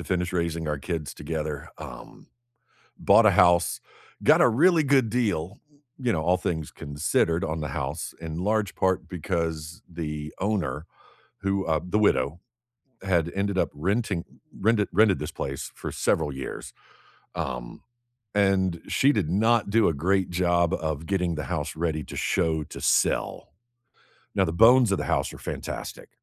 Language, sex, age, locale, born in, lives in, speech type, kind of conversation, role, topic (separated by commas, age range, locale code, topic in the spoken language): English, male, 40-44, en-US, United States, United States, conversation, unstructured, none, What small goal are you excited to work toward this month?